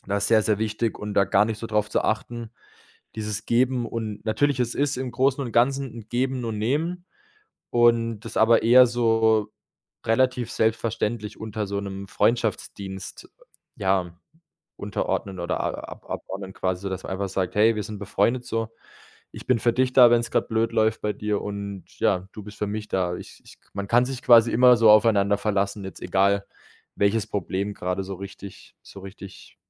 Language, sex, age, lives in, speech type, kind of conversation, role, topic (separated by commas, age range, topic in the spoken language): German, male, 25-29, Germany, conversation, podcast, guest, Wann hat dich ein Fremder in einer Notlage unterstützt?